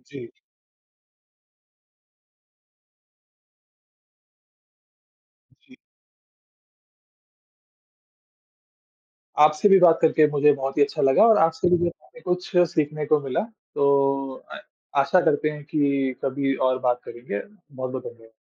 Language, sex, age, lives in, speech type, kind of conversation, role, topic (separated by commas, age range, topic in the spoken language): Hindi, male, 20-24, India, conversation, unstructured, none, आपको क्या लगता है कि हमारे समाज में भेदभाव क्यों बढ़ रहा है?